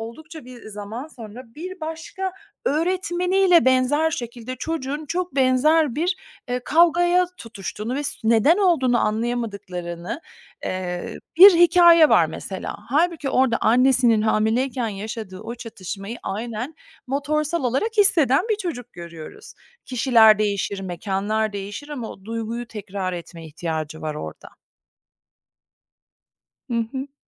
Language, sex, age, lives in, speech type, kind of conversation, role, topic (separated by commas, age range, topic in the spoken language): Turkish, female, 45-49, United States, conversation, podcast, guest, Aile hikâyeleri kimliğini nasıl besliyor, birini anlatır mısın?